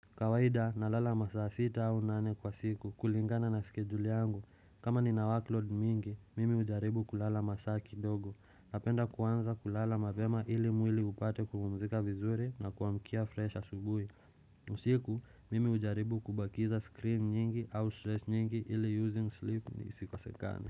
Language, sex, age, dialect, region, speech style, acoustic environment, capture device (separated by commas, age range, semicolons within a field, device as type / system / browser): Swahili, male, 25-34, Kenya - Nairobi Sheng-influenced, Kenya, relaxed, silence, desktop / Windows / Chrome